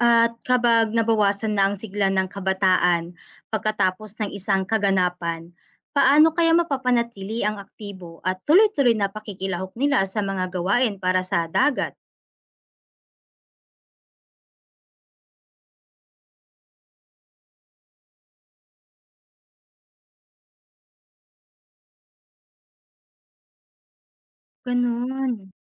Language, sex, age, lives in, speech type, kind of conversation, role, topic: Filipino, female, 25-29, Philippines, read, expert-assistant, asker, Paano mapapanatili at mapapalago ang yaman at kalinisan ng ating dagat sa tulong ng komunidad?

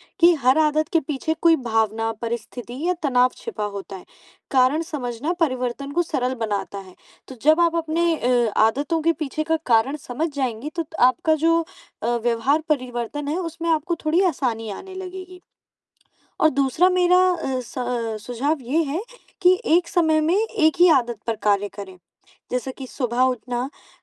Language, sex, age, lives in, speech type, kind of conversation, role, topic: Hindi, female, 20-24, India, conversation, advice, advisor, मैं अपनी नकारात्मक आदतों और व्यवहार पैटर्न पर कैसे काबू पाकर उनमें बदलाव ला सकता/सकती हूँ?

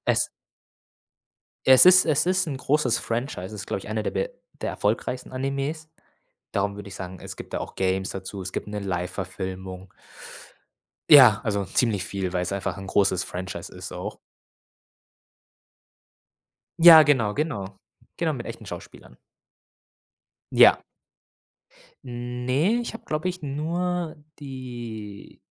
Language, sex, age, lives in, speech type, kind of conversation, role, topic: German, male, 25-29, Germany, conversation, podcast, guest, Warum reagieren Fans so emotional, wenn eine Serie zu Ende geht?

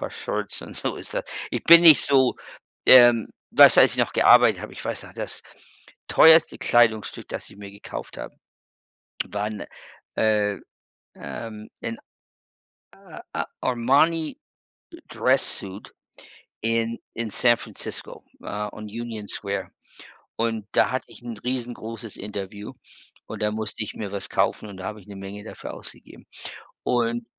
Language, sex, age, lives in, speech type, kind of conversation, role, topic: German, male, 55-59, United States, conversation, unstructured, none, Wie würdest du deinen Stil in drei Worten beschreiben?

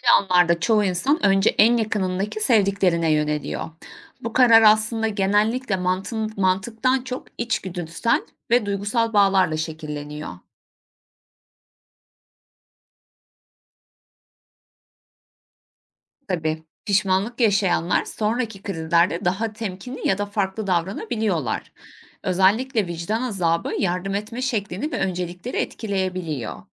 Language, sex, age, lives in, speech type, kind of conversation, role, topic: Turkish, female, 25-29, Germany, read, expert-assistant, expert, Acil bir durumda ilk önce kimi kurtarmak gerekir? Hayatlarımızda önceliklerimizi nasıl belirliyoruz?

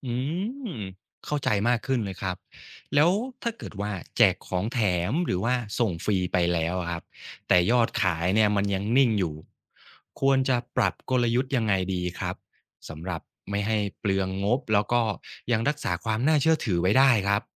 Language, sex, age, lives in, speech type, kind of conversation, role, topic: Thai, male, 35-39, Thailand, read, expert-assistant, asker, ถ้ามีเงินจำกัดในการเริ่มธุรกิจ ควรให้ความสำคัญกับอะไรเป็นอันดับแรก ระหว่างราคาสินค้า คุณภาพ หรือบริการ?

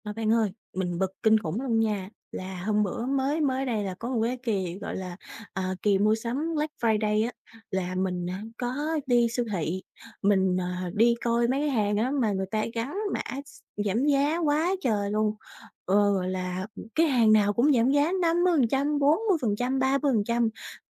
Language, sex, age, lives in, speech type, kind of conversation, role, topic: Vietnamese, female, 20-24, Vietnam, conversation, advice, user, Bạn cảm thấy thế nào khi bị cám dỗ bởi các chương trình giảm giá và mua nhiều món không cần thiết?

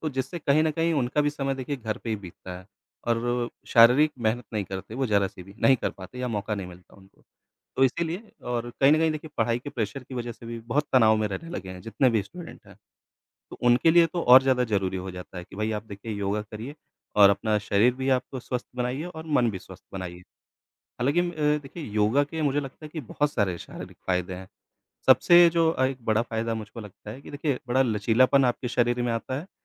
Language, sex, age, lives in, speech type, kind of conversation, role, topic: Hindi, male, 35-39, India, conversation, unstructured, none, क्या योग से शरीर और मन दोनों बेहतर होते हैं?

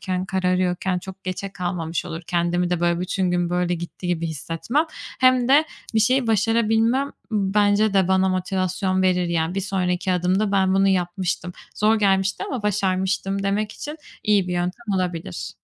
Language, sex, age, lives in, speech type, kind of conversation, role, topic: Turkish, female, 25-29, Spain, conversation, advice, user, Egzersize başlamakta zorlanıyorum; motivasyon eksikliği ve sürekli ertelemeyi nasıl aşabilirim?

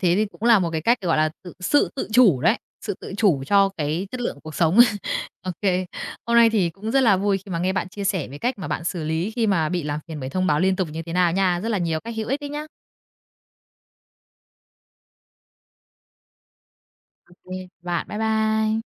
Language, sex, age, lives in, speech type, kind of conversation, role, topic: Vietnamese, female, 30-34, Vietnam, conversation, podcast, host, Bạn xử lý thế nào khi bị làm phiền bởi thông báo liên tục?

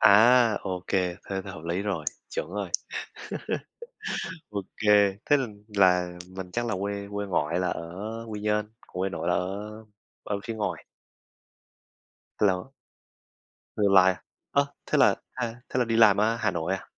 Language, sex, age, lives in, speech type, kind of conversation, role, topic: Vietnamese, male, 20-24, Vietnam, conversation, unstructured, none, Bạn muốn đi đâu nếu có thể đi ngay bây giờ?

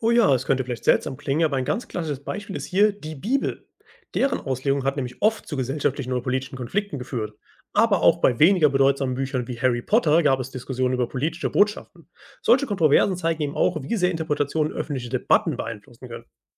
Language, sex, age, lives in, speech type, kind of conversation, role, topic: German, male, 25-29, Germany, read, expert-assistant, expert, Kannst du ein Beispiel geben, wie eine Buchkritik den Blick auf eine bekannte Geschichte verändert hat?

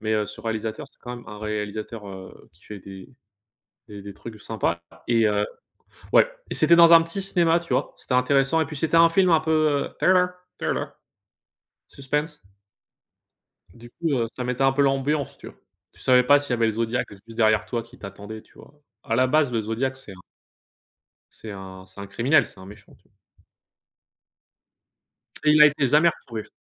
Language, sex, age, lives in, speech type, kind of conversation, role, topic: French, male, 25-29, United States, conversation, unstructured, none, Préférez-vous les soirées cinéma à la maison ou au cinéma ?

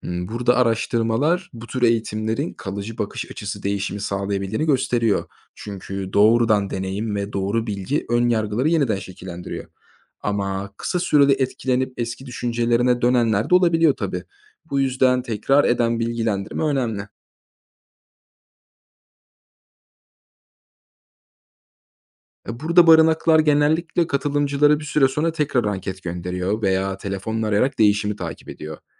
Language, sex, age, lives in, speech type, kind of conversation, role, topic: Turkish, male, 25-29, Germany, read, expert-assistant, expert, Bazı köpek ve kedi ırkları hakkında sosyal medyada dolaşan efsanelerin gerçek olup olmadığını nasıl ayırt edebilirim?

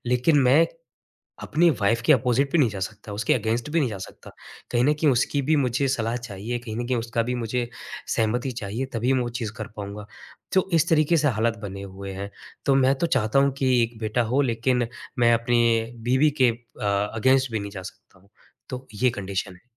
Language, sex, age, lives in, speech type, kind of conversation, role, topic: Hindi, male, 25-29, India, conversation, advice, user, क्या आप बच्चे चाहने या बच्चेविहीन रहने का फैसला कैसे करते हैं?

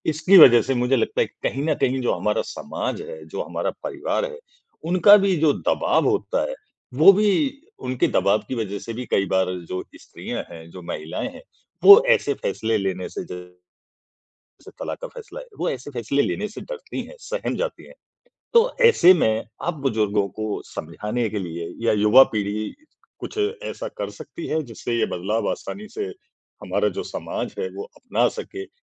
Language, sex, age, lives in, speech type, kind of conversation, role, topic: Hindi, male, 40-44, India, conversation, podcast, host, रिवाज़ों को बदलते समय क्या बचाना चाहिए और क्या छोड़ना चाहिए?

